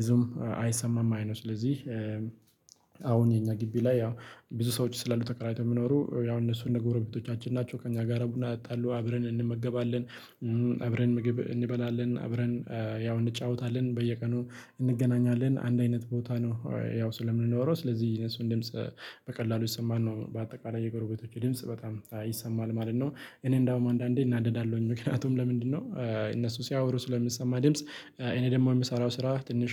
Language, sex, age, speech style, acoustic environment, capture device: Amharic, male, 25-34, excited, silence, mobile / Linux / Chrome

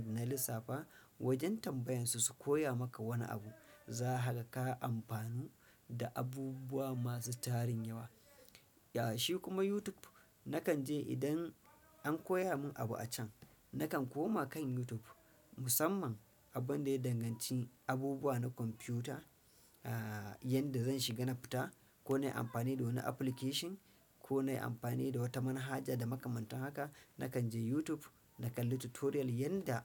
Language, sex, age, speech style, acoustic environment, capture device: Hausa, male, 35-44, stressed; focused; anxious; happy; relaxed; nervous; excited, silence; appliances, desktop / Windows / Chrome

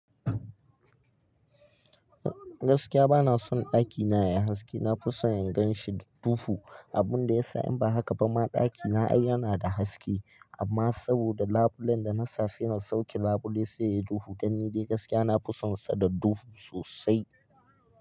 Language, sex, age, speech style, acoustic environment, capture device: Hausa, male, 18-24, relaxed; focused, silence, mobile / Linux / Chrome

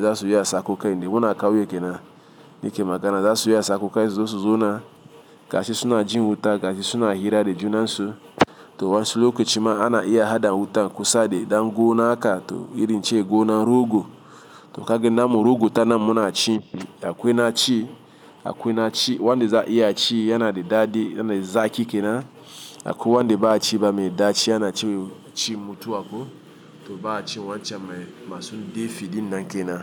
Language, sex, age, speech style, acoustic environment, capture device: Hausa, male, 35-44, happy, appliances, mobile / Linux / Chrome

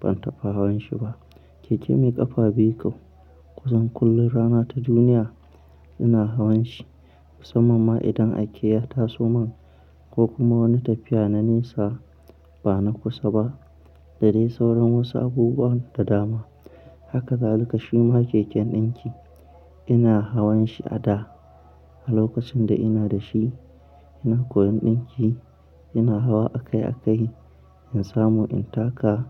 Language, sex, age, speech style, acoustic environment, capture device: Hausa, male, 25-34, happy, people talking, mobile / Linux / Chrome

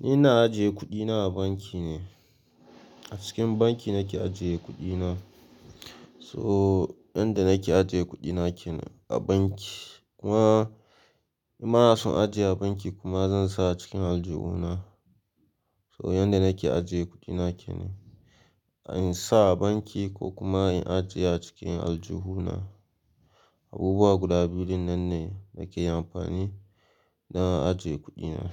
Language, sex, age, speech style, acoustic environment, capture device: Hausa, male, 18-24, relaxed; focused, silence, mobile / Linux / Chrome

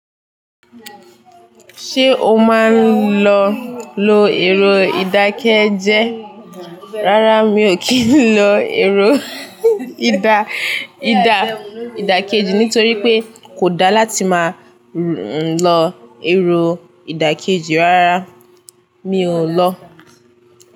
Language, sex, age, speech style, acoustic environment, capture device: Yoruba, female, 18-24, happy, silence, desktop / Linux / Chrome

